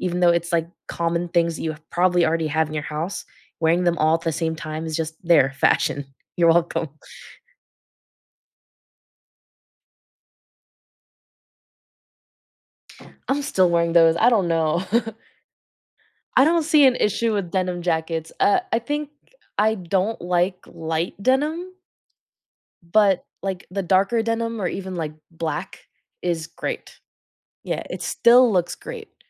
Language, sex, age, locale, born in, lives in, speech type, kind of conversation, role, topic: English, female, 20-24, en-US, United States, United States, conversation, podcast, guest, In what ways do your personal experiences and surroundings shape how your style evolves over time?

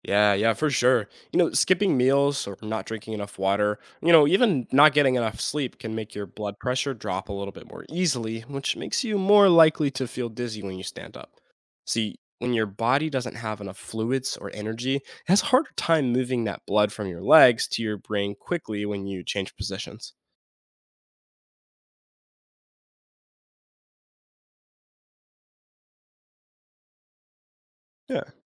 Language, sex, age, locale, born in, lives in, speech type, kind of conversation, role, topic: English, male, 18-19, en-US, United States, United States, read, expert-assistant, expert, Why do you sometimes feel dizzy when you stand up quickly?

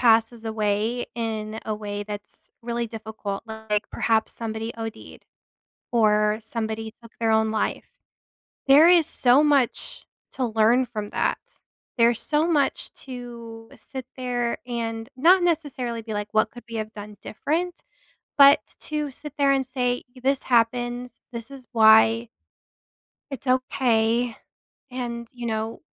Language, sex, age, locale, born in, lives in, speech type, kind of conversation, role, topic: English, female, 30-34, en-US, United States, United States, conversation, podcast, guest, Why is it important to have open conversations about death and grief?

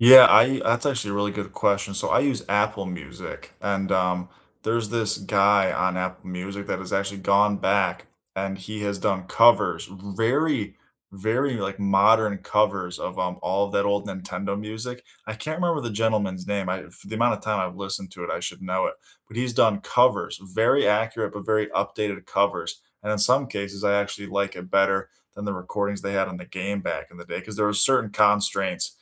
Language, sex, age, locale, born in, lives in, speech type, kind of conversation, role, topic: English, male, 25-29, en-US, United States, United States, conversation, unstructured, none, What music feels like home to you, and what memories does it bring back?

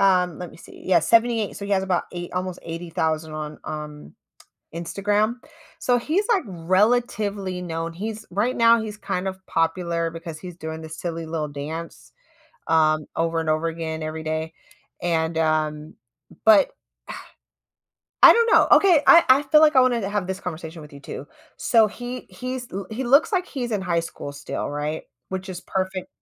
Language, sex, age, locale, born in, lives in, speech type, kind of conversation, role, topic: English, female, 35-39, en-US, United States, United States, conversation, advice, user, How can I reduce work-life stress?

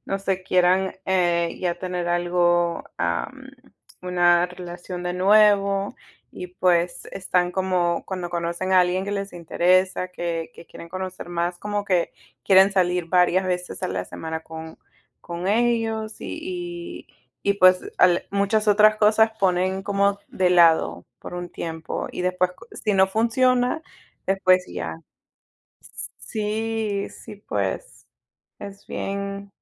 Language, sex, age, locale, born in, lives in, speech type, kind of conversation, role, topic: Spanish, female, 30-34, es-PE, United States, United States, conversation, unstructured, none, ¿Qué papel juega la confianza en una relación?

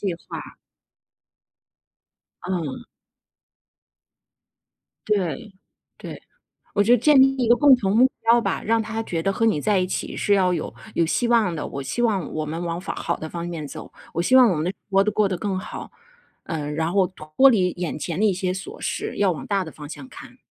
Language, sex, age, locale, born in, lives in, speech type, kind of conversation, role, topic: Chinese, female, 40-44, zh-CN, China, United States, conversation, advice, advisor, 伴侣对你性格或习惯的负面评价是如何伤害到你的？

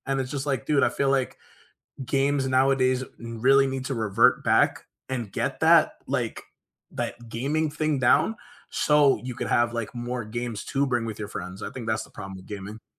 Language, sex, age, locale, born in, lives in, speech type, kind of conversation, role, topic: English, male, 25-29, en-US, United States, United States, conversation, unstructured, none, Which video games have brought you and your friends together, and which have strained your connections?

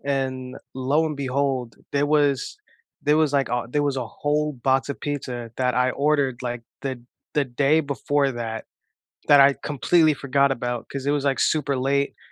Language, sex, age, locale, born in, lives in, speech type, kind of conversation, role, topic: English, male, 20-24, en-US, United States, United States, conversation, unstructured, none, What small joy unexpectedly brightened your week?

